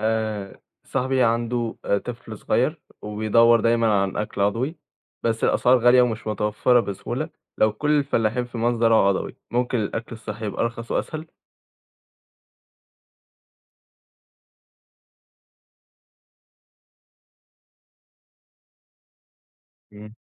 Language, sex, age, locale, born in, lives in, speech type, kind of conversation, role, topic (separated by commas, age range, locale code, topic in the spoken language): Arabic, male, 18-19, ar-EG, Egypt, Egypt, read, expert-assistant, asker, تفتكر لو كل الفلاحين في مصر قرروا يزرعوا بس محاصيل عضوية، إيه اللي كان هيحصل في الاقتصاد، وصحة الناس، وأسعار الأكل؟